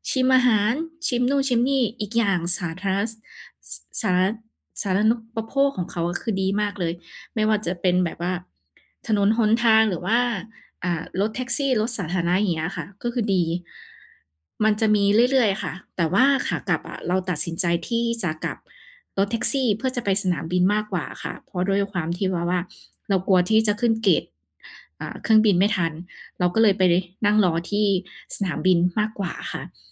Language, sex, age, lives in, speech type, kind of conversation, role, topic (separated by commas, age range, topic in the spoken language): Thai, female, 30-34, Thailand, conversation, podcast, guest, คุณเคยหลงทางแล้วเจอคนมาช่วยเหลือไหม เล่าให้ฟังหน่อยได้ไหม?